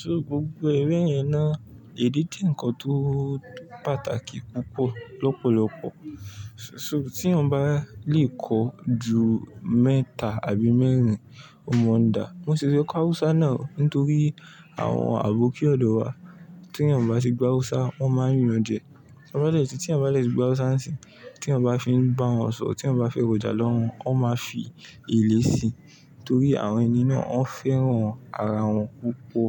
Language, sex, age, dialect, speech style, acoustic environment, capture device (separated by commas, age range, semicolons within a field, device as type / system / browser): Yoruba, male, 18-24, Nigeria - Ibadan, relaxed, people talking, mobile / Linux / Chrome